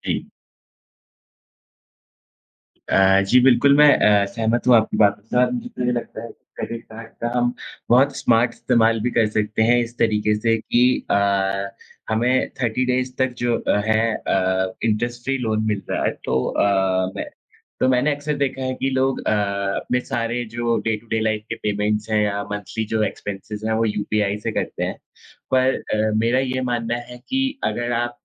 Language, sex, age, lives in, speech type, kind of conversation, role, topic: Hindi, male, 20-24, India, conversation, unstructured, none, क्रेडिट कार्ड का सही और गलत इस्तेमाल क्या होता है?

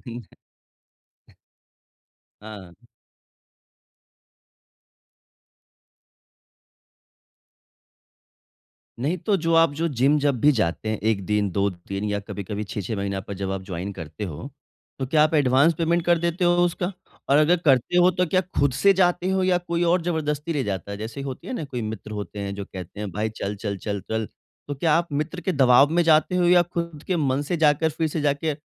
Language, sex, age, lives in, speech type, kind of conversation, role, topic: Hindi, male, 25-29, India, conversation, advice, advisor, मैं हर दिन नियमित रूप से व्यायाम करने की आदत कैसे बना सकता/सकती हूँ?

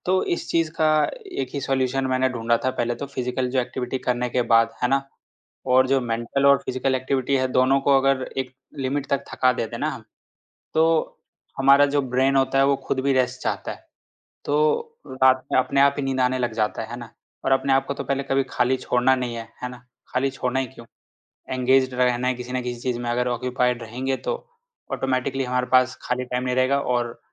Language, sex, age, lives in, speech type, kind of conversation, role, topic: Hindi, male, 25-29, India, conversation, podcast, guest, Neend sudharne ke liye tum kaun-kaun se simple tareeke apnaate ho?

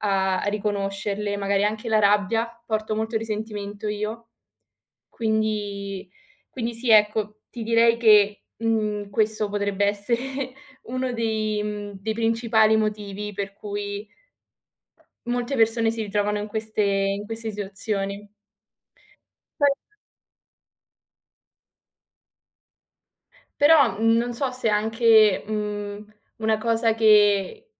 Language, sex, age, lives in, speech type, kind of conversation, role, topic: Italian, female, 20-24, Italy, conversation, unstructured, none, Come possiamo convincere le persone a non ignorare i segnali d’allarme emotivi?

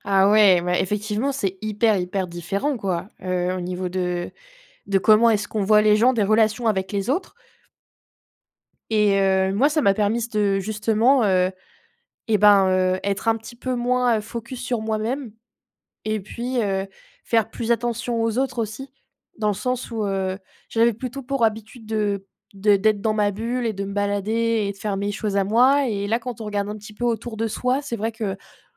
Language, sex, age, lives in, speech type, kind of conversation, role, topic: French, female, 25-29, France, conversation, unstructured, none, Quelle est la meilleure surprise que tu aies eue en voyage ?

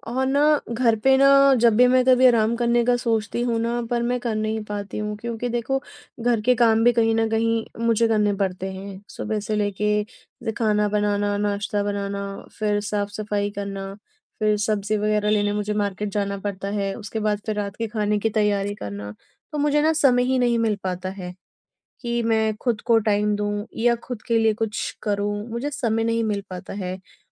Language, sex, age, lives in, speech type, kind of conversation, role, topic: Hindi, female, 30-34, India, conversation, advice, user, घर पर आराम करने और तनाव कम करने के लिए मैं क्या करूँ?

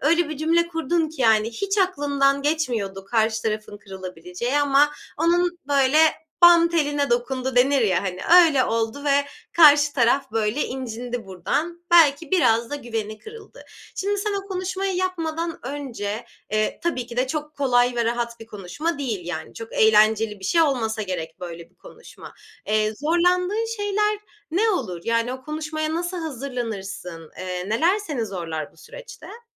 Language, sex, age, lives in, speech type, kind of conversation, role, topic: Turkish, female, 25-29, Germany, conversation, podcast, host, Güven kırıldığında, güveni yeniden kurmada zaman mı yoksa davranış mı daha önemlidir?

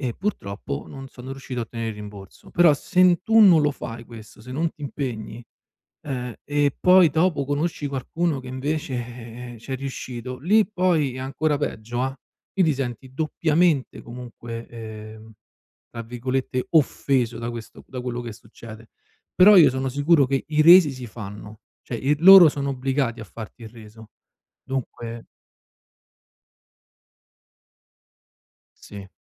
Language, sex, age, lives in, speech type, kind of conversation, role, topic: Italian, male, 35-39, Italy, conversation, advice, advisor, Come posso ottenere un rimborso o fare un reso se i vestiti comprati online sono di scarsa qualità?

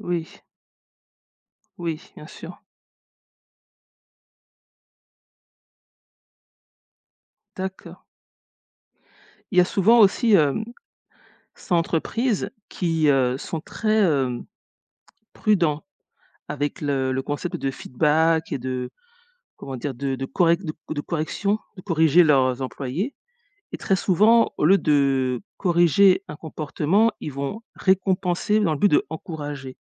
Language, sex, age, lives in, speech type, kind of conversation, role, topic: French, female, 40-44, United States, conversation, podcast, host, Qu’est-ce qui fait, selon toi, un bon manager ?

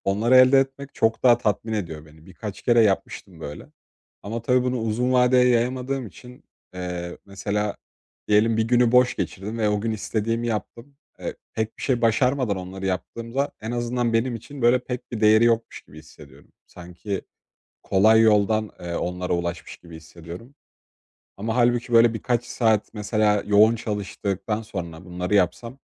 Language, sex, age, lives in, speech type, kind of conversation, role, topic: Turkish, male, 20-24, Poland, conversation, advice, user, Motivasyonum düştüğünde ne yapabilirim ve alternatif planları nasıl oluşturabilirim?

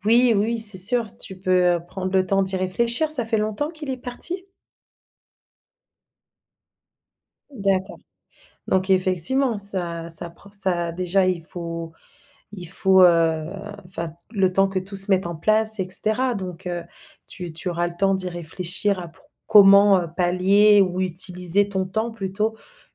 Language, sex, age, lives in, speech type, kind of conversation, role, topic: French, female, 30-34, France, conversation, advice, advisor, Comment gérer le sentiment d’inutilité lorsque vos enfants quittent la maison ?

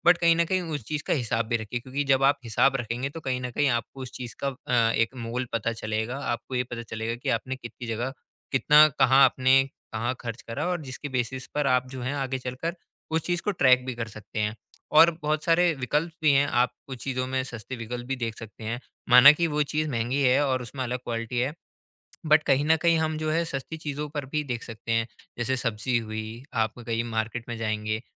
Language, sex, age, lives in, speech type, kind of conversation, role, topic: Hindi, male, 20-24, India, conversation, advice, advisor, मैं खरीदारी के लिए सही बजट कैसे बनाऊँ?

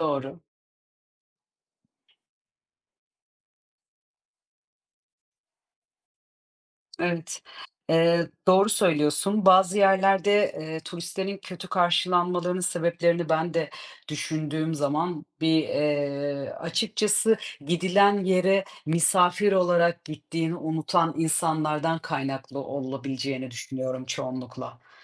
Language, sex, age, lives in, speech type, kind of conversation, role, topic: Turkish, female, 30-34, Portugal, conversation, unstructured, none, Turistlerin bazı yerlerde neden kötü karşılandığını hiç düşündün mü?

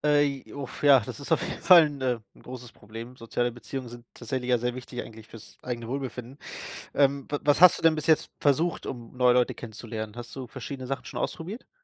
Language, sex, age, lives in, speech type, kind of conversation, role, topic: German, male, 30-34, Germany, conversation, advice, advisor, Wie kann ich nach einem Umzug neue Freunde finden?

